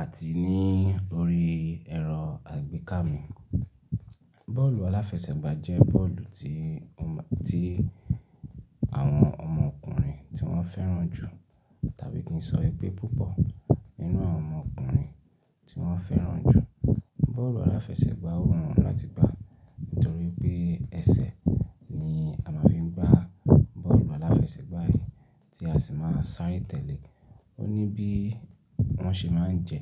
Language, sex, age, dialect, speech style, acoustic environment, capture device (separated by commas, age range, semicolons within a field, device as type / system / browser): Yoruba, male, 25-34, Nigeria - Ibadan, relaxed; happy; focused; excited, silence; nature, desktop / Linux / Chrome